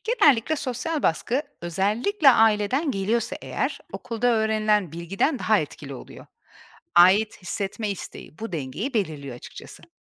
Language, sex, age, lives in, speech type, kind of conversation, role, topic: Turkish, female, 40-44, Portugal, read, expert-assistant, expert, Tarımda genetiği değiştirilmiş ürünler hakkındaki toplumsal algılar neden kültürden kültüre değişiyor sizce?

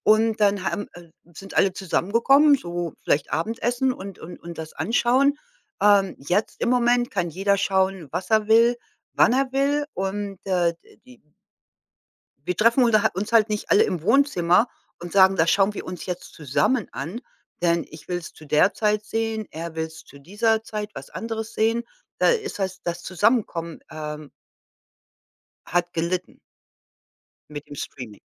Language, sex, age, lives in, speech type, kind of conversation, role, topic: German, female, 55-59, United States, conversation, podcast, guest, Was vermisst du an der Videothek und an DVD-Abenden?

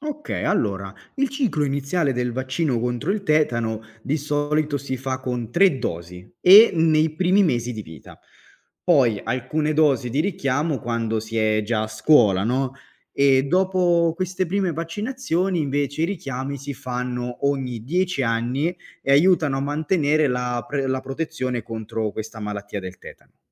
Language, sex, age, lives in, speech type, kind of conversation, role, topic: Italian, male, 25-29, Italy, read, expert-assistant, expert, Come funzionano i vaccini e perché sono utili?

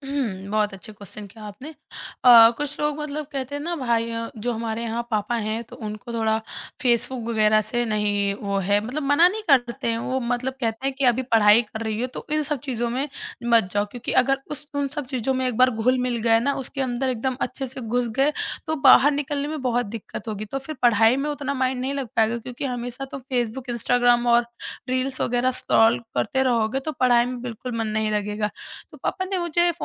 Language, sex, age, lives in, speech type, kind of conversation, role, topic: Hindi, male, 30-34, India, conversation, podcast, guest, Social media ne tumhari style ko kaise badla hai?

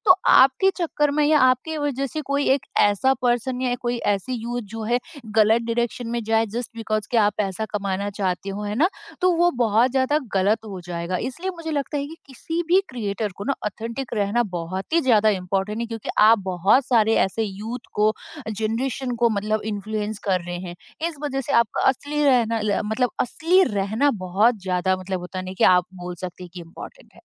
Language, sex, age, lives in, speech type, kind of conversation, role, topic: Hindi, female, 30-34, India, conversation, podcast, guest, Kisi creator ke liye authentic rehna kyun zaroori hota hai, tum kya sochte ho?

